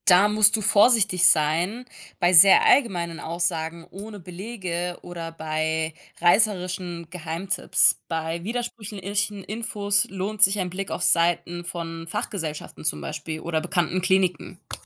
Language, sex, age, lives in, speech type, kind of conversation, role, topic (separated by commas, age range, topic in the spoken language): German, female, 20-24, Germany, read, expert-assistant, expert, Woran erkenne ich verlässliche Informationen zur Herzgesundheit?